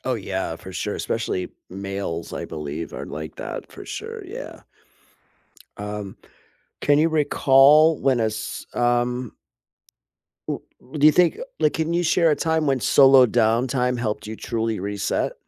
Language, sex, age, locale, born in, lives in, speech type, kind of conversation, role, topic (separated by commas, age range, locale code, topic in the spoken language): English, male, 40-44, en-US, United States, United States, conversation, unstructured, none, How do you recharge after a busy day?